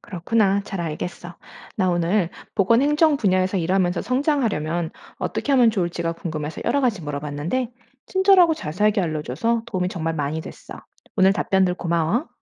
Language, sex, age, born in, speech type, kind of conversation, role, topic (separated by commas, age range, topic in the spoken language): Korean, female, 40-44, United States, read, expert-assistant, asker, 보건 행정 분야에서 일하며 성장하려면 어떤 습관이나 태도를 기르는 게 중요할까요?